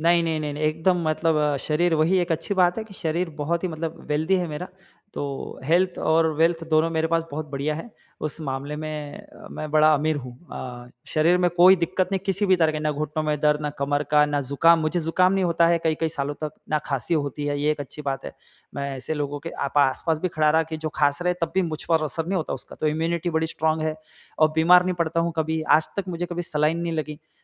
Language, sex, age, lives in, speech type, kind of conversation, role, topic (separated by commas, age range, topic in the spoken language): Hindi, male, 35-39, India, conversation, advice, user, मैं हर दिन नियमित रूप से व्यायाम करने की आदत कैसे बना सकता/सकती हूँ?